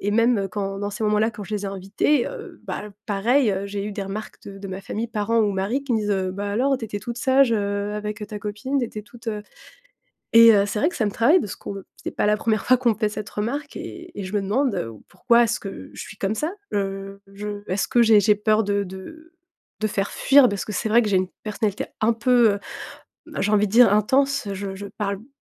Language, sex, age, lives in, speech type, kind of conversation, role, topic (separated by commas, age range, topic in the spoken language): French, female, 30-34, France, conversation, advice, user, Comment puis-je avoir plus confiance en moi pour être moi-même ?